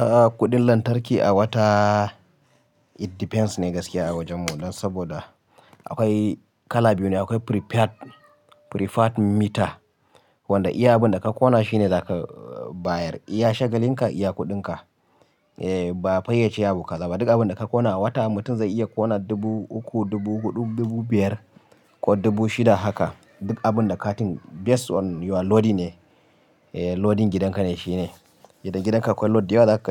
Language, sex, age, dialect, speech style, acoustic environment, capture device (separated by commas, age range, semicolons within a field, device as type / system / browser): Hausa, male, 25-34, Nigeria - Kano, happy, people talking, mobile / Linux / Chrome